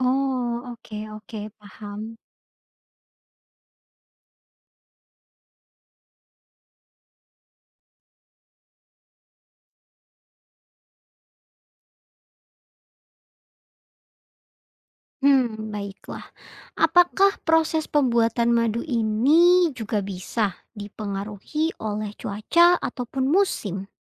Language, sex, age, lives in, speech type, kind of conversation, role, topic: Indonesian, female, 25-29, Indonesia, read, expert-assistant, asker, Bagaimana lebah bisa membuat madu?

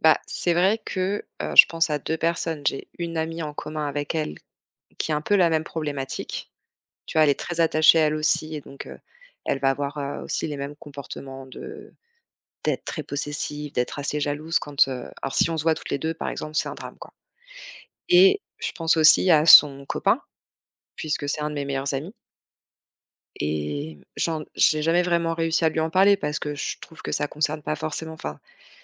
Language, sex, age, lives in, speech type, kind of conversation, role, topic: French, female, 35-39, France, conversation, advice, user, Comment puis-je poser des limites à mes proches sans culpabiliser ?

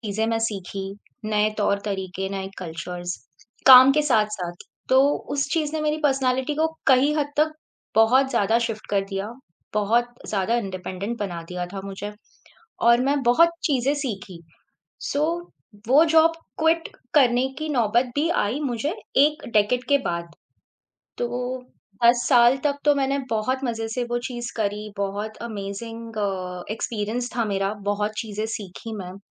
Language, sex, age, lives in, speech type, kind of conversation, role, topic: Hindi, female, 30-34, India, conversation, podcast, guest, Job chhodne ka faisla karne se pehle tum kya-kya sochte ho?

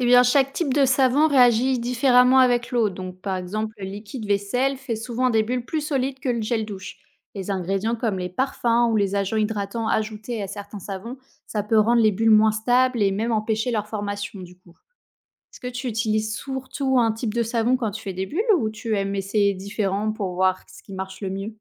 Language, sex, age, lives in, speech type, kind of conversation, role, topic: French, female, 30-34, France, read, expert-assistant, expert, Comment les bulles de savon se forment-elles ?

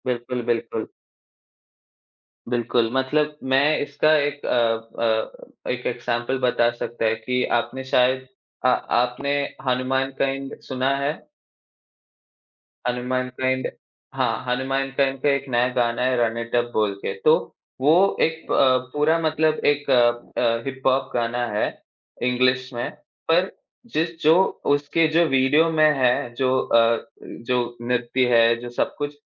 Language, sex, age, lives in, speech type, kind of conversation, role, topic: Hindi, male, 20-24, India, conversation, unstructured, none, संगीत सुनना और नृत्य करना, इनमें से आपको क्या अधिक आनंद देता है?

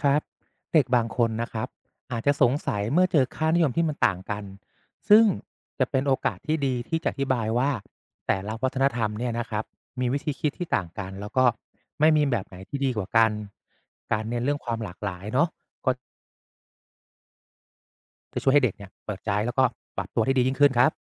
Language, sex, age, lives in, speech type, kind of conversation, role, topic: Thai, male, 35-39, Thailand, read, expert-assistant, expert, จริงไหมที่เทพนิยายตะวันตกกับนิทานพื้นบ้านไทยมีโครงเรื่องคล้ายกันหลายจุด?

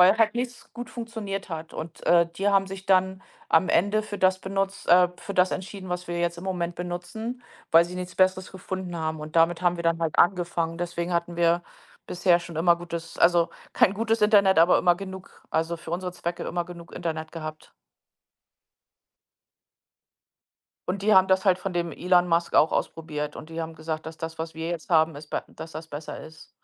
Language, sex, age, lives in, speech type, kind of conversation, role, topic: German, female, 45-49, United States, conversation, unstructured, none, Was denkst du über das Leben in der Stadt im Vergleich zum Leben auf dem Land?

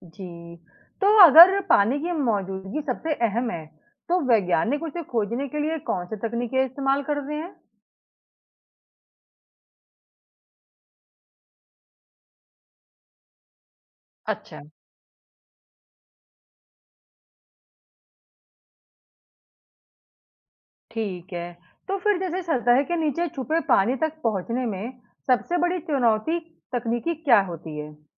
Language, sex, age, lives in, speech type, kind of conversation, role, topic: Hindi, female, 35-39, India, read, expert-assistant, asker, अगर हमें किसी नए ग्रह पर जीवन खोजने का अभियान शुरू करना हो, तो उसकी सबसे पहली तैयारी कैसे करें?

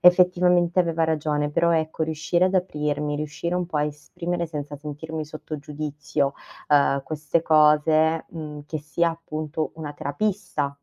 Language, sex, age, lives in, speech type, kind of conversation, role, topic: Italian, female, 30-34, Italy, conversation, advice, user, Come posso iniziare a sostituire vecchie abitudini che faccio fatica a cambiare?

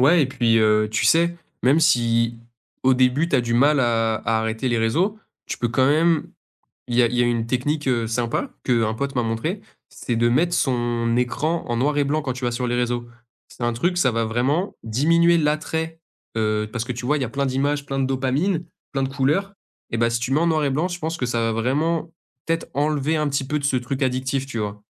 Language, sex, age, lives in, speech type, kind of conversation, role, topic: French, male, 20-24, France, conversation, advice, advisor, Comment les distractions numériques ruinent-elles votre productivité ?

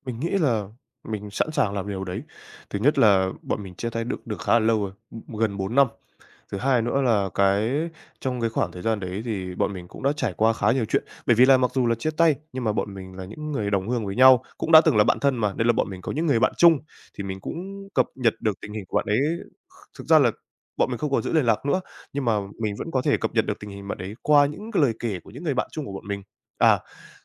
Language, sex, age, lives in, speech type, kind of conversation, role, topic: Vietnamese, male, 18-19, Vietnam, conversation, advice, user, Bạn muốn hàn gắn nhưng sợ mâu thuẫn cũ tái diễn, bạn nên làm gì?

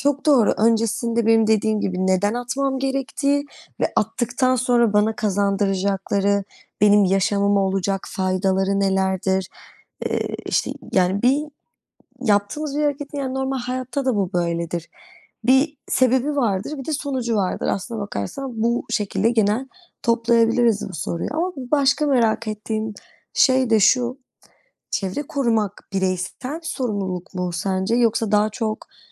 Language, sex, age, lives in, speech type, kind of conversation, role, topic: Turkish, female, 25-29, Netherlands, conversation, unstructured, none, Sence insanlar neden çevreyi korumakta zorlanıyor?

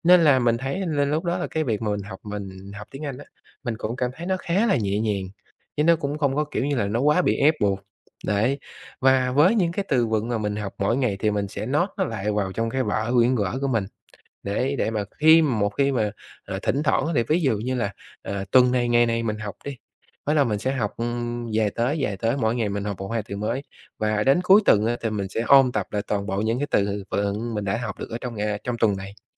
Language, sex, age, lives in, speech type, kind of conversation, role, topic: Vietnamese, male, 30-34, Vietnam, conversation, podcast, guest, Thói quen nào giúp bạn tiến bộ mỗi ngày?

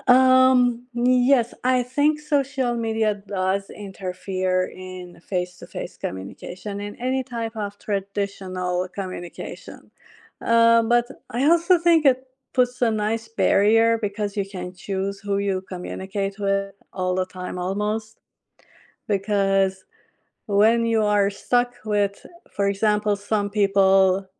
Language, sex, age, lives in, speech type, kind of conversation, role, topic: English, female, 45-49, United States, conversation, unstructured, none, How important is communication between partners?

